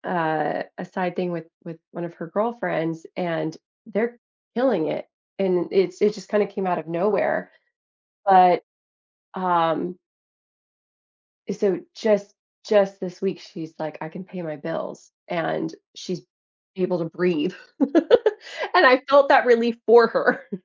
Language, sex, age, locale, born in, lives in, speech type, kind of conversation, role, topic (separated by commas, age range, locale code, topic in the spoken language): English, female, 35-39, en-US, United States, United States, conversation, advice, user, How can I build a simple budget and emergency fund to handle unexpected expenses?